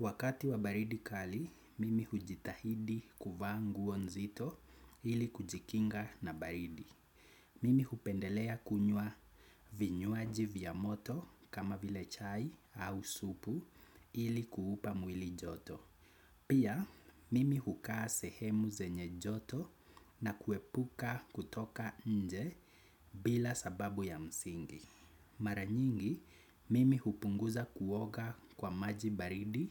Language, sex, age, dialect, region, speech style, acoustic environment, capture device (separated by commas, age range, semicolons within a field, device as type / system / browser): Swahili, male, 25-34, Kenya - Nairobi Sheng-influenced, Kenya, happy, nature, desktop / Windows / Chrome